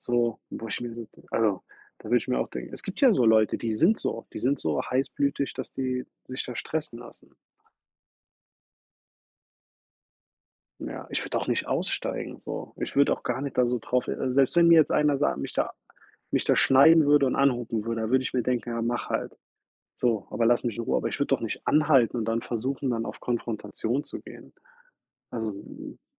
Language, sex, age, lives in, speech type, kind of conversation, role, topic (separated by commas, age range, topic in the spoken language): German, male, 30-34, United States, conversation, unstructured, none, Wie reagierst du, wenn dich jemand absichtlich provoziert?